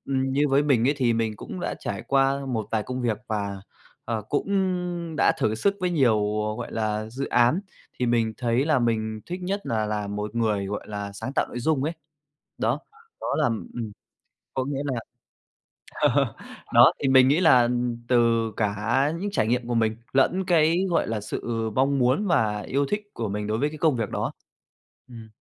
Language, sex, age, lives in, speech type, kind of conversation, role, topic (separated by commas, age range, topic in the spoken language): Vietnamese, male, 25-29, Vietnam, conversation, podcast, guest, Bạn làm thế nào để giữ động lực theo đuổi mục tiêu dài hạn?